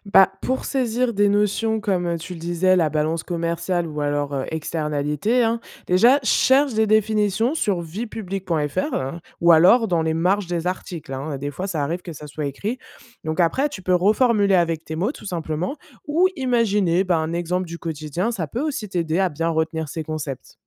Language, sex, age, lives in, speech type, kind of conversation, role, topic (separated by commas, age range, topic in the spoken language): French, female, 25-29, France, read, expert-assistant, expert, Comment repérer si une info sur les effets de la mondialisation est fiable ou exagérée ?